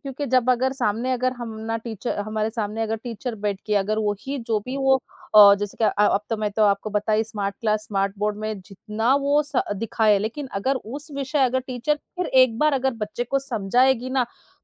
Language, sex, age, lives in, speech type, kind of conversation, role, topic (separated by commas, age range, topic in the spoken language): Hindi, female, 40-44, India, conversation, unstructured, none, पढ़ाई में शिक्षकों की क्या भूमिका होती है?